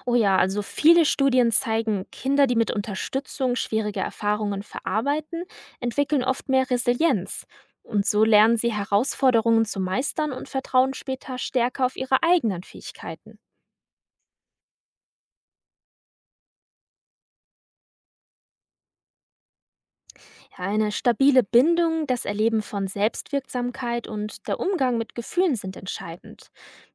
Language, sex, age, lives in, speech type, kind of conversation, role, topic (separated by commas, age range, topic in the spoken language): German, female, 20-24, Germany, read, expert-assistant, expert, Wie beeinflussen frühe Kindheitserfahrungen, wie zum Beispiel die Beziehung zu den Eltern, unsere Persönlichkeit im späteren Leben?